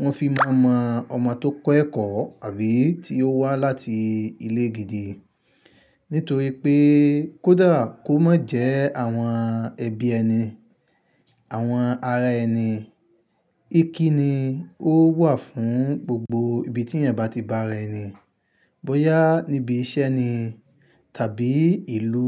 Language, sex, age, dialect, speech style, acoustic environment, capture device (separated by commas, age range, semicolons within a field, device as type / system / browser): Yoruba, male, 25-34, Nigeria - Ibadan, happy, nature; silence, mobile / Linux / Chrome